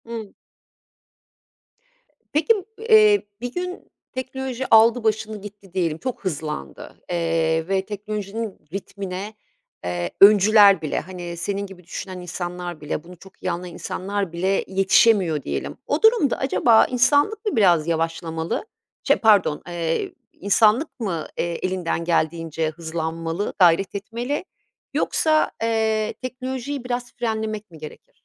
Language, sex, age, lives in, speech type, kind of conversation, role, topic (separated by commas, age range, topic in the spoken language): Turkish, female, 50-54, Italy, conversation, podcast, host, Teknolojik değişikliklere hızla uyum sağlamak için neler yapıyorsunuz?